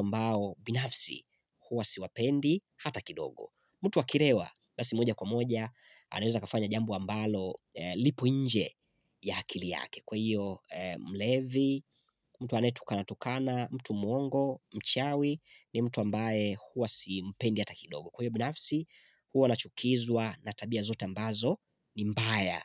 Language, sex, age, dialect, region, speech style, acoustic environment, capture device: Swahili, male, 25-34, Tanzania - Dar es Salaam, Tanzania, excited; focused; relaxed, silence, mobile / Linux / Chrome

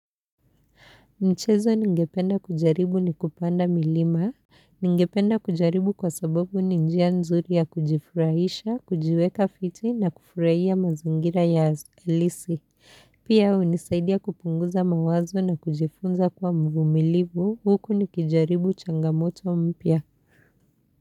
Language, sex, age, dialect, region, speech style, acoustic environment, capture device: Swahili, female, 25-34, Kenya - Nairobi Sheng-influenced, Kenya, happy; relaxed; focused, people talking; appliances; nature; silence, mobile / Linux / Chrome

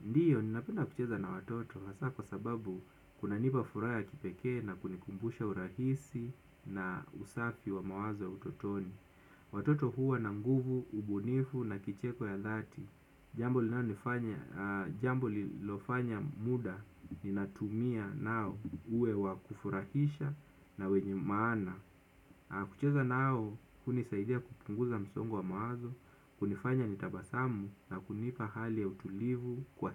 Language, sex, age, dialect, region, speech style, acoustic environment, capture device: Swahili, male, 25-34, Kenya - Mombasa, Kenya, nervous, silence, desktop / Windows / Chrome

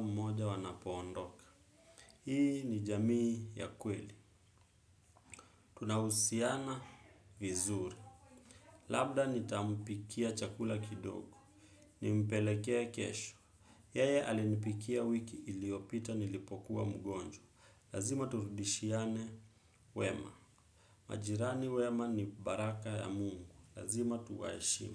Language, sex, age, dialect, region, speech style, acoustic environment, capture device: Swahili, male, 25-34, Kenya - Nairobi Sheng-influenced, Kenya, focused, people talking, desktop / Windows / Chrome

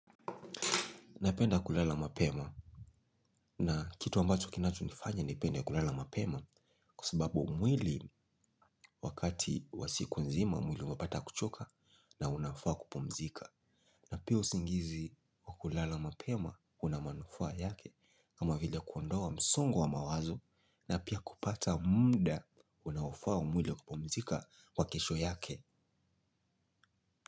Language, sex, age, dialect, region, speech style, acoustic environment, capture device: Swahili, male, 25-34, Kenya - Mombasa, Kenya, excited; focused, silence, mobile / Linux / Chrome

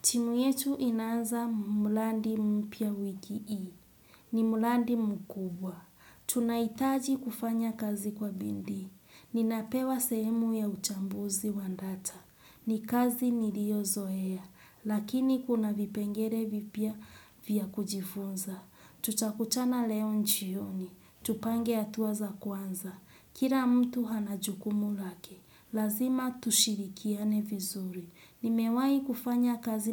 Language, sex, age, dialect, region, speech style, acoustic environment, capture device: Swahili, female, 25-34, Kenya - Mombasa, Kenya, relaxed, silence; nature, desktop / Windows / Chrome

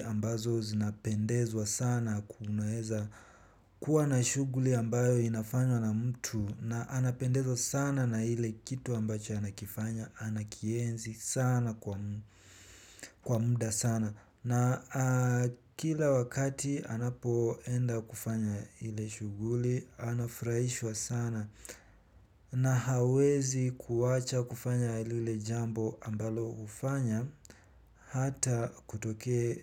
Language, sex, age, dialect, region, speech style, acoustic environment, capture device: Swahili, male, 18-24, Kenya - Nairobi Sheng-influenced, Kenya, relaxed, nature, mobile / Linux / Chrome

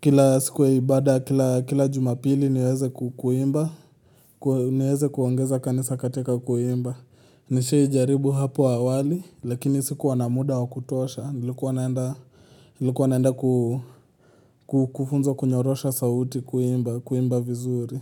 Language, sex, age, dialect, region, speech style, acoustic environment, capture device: Swahili, male, 18-24, Kenya - Nairobi Sheng-influenced, Kenya, happy, silence, mobile / Linux / Chrome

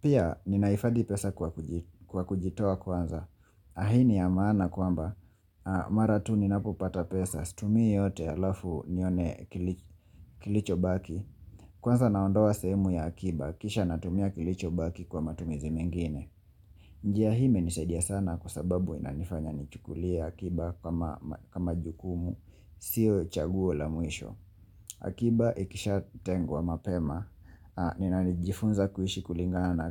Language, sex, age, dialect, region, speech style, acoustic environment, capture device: Swahili, male, 25-34, Kenya - Mombasa, Kenya, anxious, silence, mobile / Linux / Chrome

